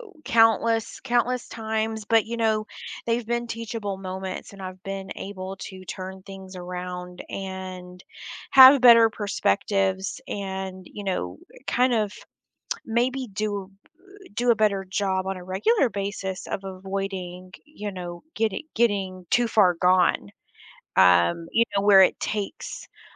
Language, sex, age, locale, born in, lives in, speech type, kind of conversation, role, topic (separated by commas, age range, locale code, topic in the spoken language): English, female, 35-39, en-US, United States, United States, conversation, podcast, guest, How can we manage stress and stay balanced when life gets hectic?